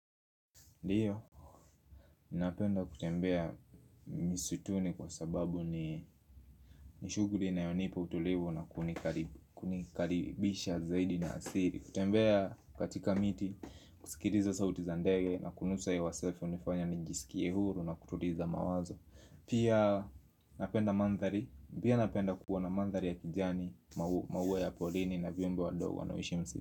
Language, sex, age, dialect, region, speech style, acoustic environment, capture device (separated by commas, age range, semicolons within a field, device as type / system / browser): Swahili, male, 25-34, Kenya - Nairobi Sheng-influenced, Kenya, happy; focused, music; nature, mobile / Linux / Chrome